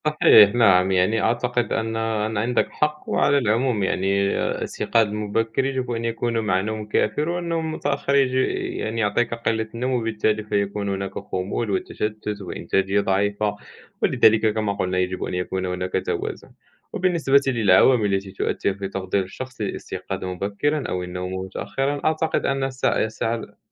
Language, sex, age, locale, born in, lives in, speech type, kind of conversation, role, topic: Arabic, male, 20-24, ar-MA, Morocco, France, conversation, unstructured, none, إنت بتفضّل تصحى بدري ولا تسهر لوقت متأخر؟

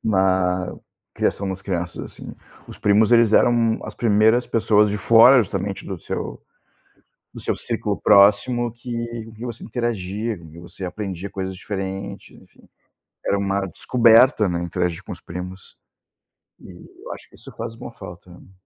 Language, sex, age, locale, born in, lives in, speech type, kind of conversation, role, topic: Portuguese, male, 40-44, pt-BR, Brazil, Germany, conversation, unstructured, none, Qual é a tradição cultural que mais te faz feliz?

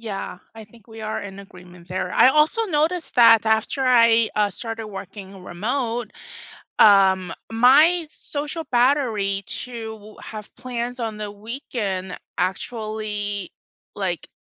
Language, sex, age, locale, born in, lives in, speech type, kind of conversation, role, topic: English, female, 45-49, en-US, United States, United States, conversation, unstructured, none, Which work setup—remote, hybrid, or on-site—fits you best, and how does it shape your day and relationships?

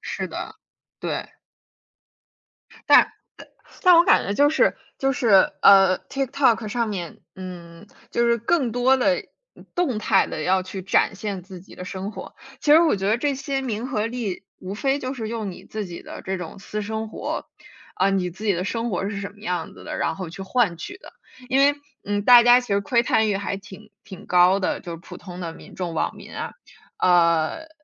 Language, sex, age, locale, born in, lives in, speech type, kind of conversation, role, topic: Chinese, female, 20-24, zh-CN, United States, United States, conversation, unstructured, none, 你觉得社交媒体会影响你的自我认同吗？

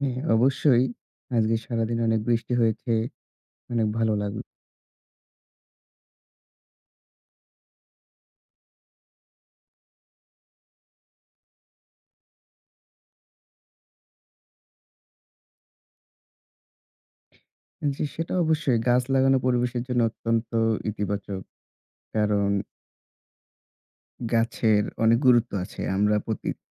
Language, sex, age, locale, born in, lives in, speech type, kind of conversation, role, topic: Bengali, male, 25-29, bn-BD, Bangladesh, Bangladesh, conversation, unstructured, none, গাছ লাগানো কেন আমাদের জন্য জরুরি?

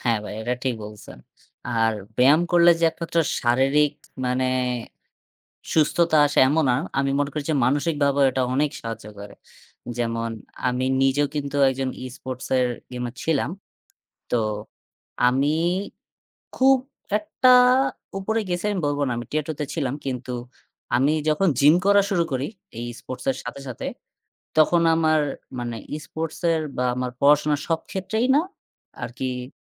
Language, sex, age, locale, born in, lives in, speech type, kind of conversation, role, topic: Bengali, male, 20-24, bn-BD, Bangladesh, Bangladesh, conversation, unstructured, none, কীভাবে ব্যায়ামকে দৈনন্দিন জীবনের নিয়মিত অংশ করে তোলা যায়?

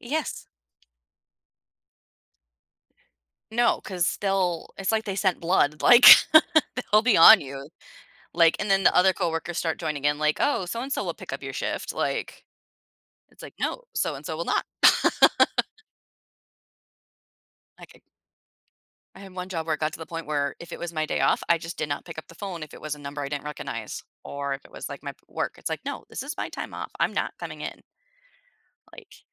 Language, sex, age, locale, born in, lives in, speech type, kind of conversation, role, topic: English, female, 30-34, en-US, United States, United States, conversation, podcast, guest, What habits or boundaries help you maintain a healthy balance between work and personal life?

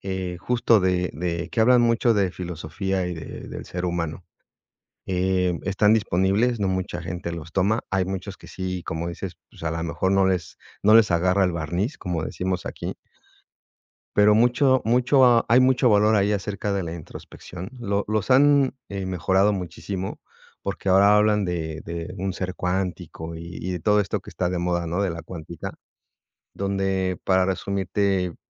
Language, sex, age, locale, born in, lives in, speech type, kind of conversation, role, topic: Spanish, male, 45-49, es-MX, Mexico, Mexico, conversation, unstructured, none, ¿Qué hábito te ha ayudado más a mejorar como persona?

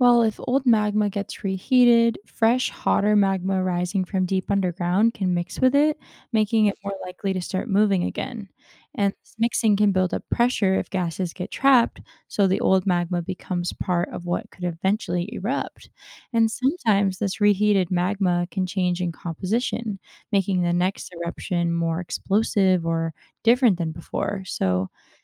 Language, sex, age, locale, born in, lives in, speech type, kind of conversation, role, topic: English, female, 20-24, en-US, United States, United States, read, expert-assistant, expert, What happens inside a volcano during an eruption?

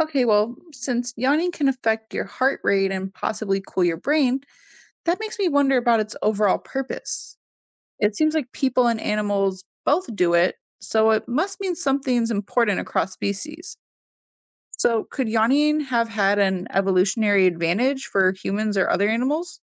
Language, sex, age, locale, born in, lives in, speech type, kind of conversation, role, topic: English, female, 20-24, en-US, United States, United States, read, expert-assistant, asker, Why do we yawn and is it contagious?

